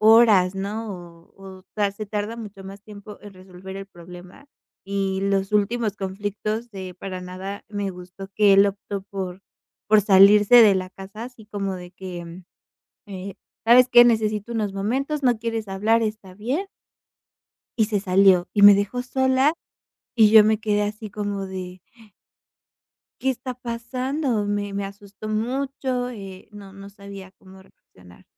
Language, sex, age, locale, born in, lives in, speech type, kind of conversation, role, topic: Spanish, female, 25-29, es-MX, Mexico, Mexico, conversation, advice, user, ¿Cómo puedo mejorar la comunicación con mi pareja durante los conflictos?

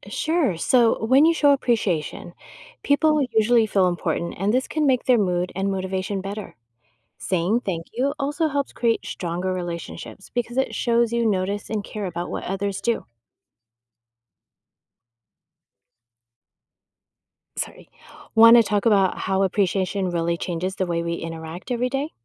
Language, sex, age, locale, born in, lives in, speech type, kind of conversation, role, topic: English, female, 50-54, en-US, United States, United States, read, expert-assistant, expert, Why is it important to show appreciation to others?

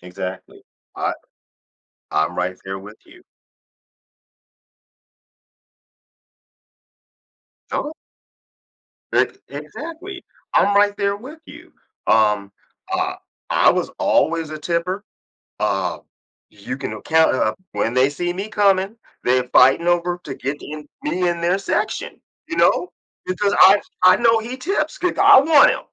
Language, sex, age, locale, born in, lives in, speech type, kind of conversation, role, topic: English, male, 55-59, en-US, United States, United States, conversation, podcast, host, How do unexpected experiences shape your creativity or perspective?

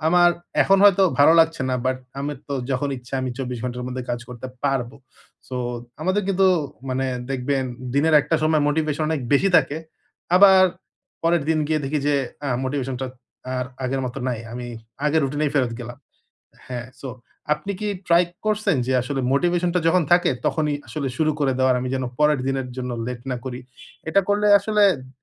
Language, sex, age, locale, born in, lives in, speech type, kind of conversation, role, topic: Bengali, male, 30-34, bn-BD, Bangladesh, Bangladesh, conversation, advice, advisor, মুড বা অনুপ্রেরণার ওঠানামা হলে আমি কীভাবে আমার অভ্যাস ধরে রাখতে পারি?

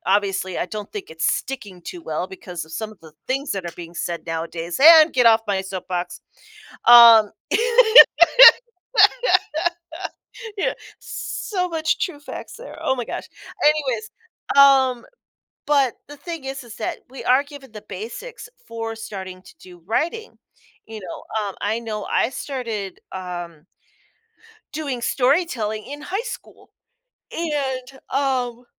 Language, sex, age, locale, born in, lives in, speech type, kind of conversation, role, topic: English, female, 45-49, en-US, United States, United States, conversation, podcast, guest, What are some effective ways to discover your true passions and interests?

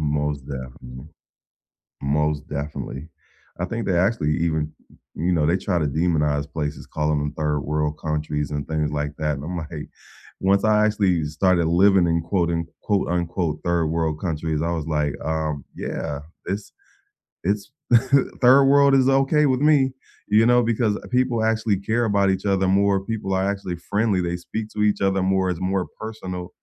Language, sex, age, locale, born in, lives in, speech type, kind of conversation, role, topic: English, male, 45-49, en-US, United States, United States, conversation, unstructured, none, How do you balance pay, prices, and what feels fair at work?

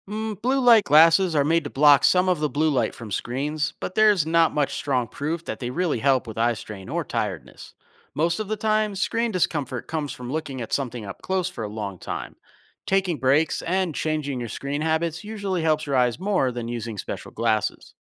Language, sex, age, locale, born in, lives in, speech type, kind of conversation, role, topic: English, male, 35-39, en-US, United States, United States, read, expert-assistant, expert, How do glasses improve vision clarity?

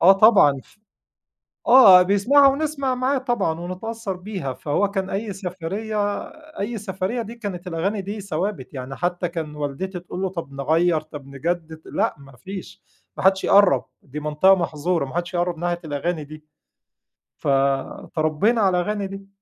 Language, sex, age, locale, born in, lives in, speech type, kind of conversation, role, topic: Arabic, male, 40-44, ar-EG, Egypt, Egypt, conversation, podcast, guest, إيه الأغنية اللي ترشّحها لأي حد يسمعها؟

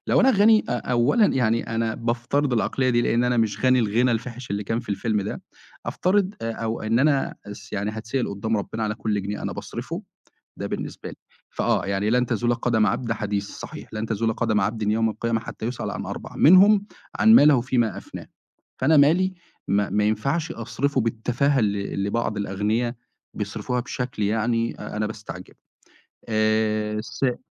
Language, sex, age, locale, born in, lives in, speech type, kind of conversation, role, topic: Arabic, male, 25-29, ar-EG, Egypt, Egypt, conversation, podcast, guest, إيه آخر فيلم أثّر فيك وليه؟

